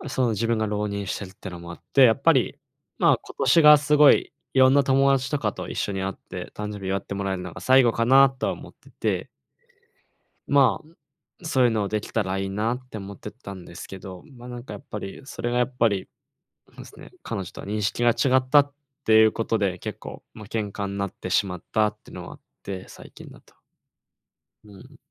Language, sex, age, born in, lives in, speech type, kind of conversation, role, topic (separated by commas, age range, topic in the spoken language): Japanese, male, 20-24, Japan, Japan, conversation, advice, user, パートナーとの喧嘩が繰り返されて疲れているのですが、どうしたらいいですか？